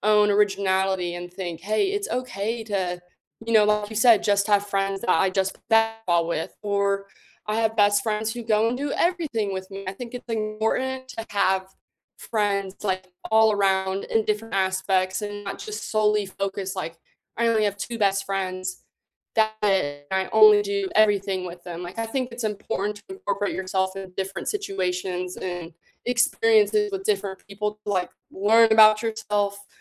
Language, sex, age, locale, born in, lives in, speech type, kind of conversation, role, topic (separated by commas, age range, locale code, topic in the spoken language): English, female, 20-24, en-US, United States, United States, conversation, unstructured, none, What’s a childhood memory that still makes you smile?